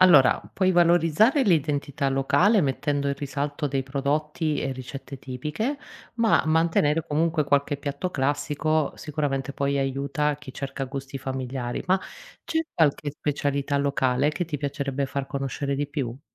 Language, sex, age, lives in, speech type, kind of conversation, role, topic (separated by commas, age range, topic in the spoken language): Italian, female, 40-44, Italy, read, expert-assistant, expert, Cosa succederebbe se tutte le aziende agricole del mondo adottassero esclusivamente pratiche di agricoltura sostenibile? Quali cambiamenti vedremmo nell’ambiente, nell’economia e nella nostra alimentazione?